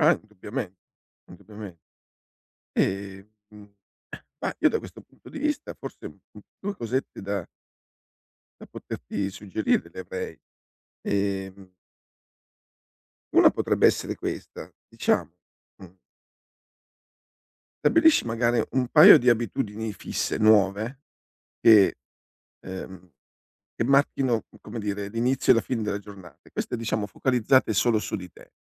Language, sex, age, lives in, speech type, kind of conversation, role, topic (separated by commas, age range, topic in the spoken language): Italian, male, 60-64, Italy, conversation, advice, advisor, Come posso ridefinire la mia routine quotidiana dopo la fine di una relazione lunga?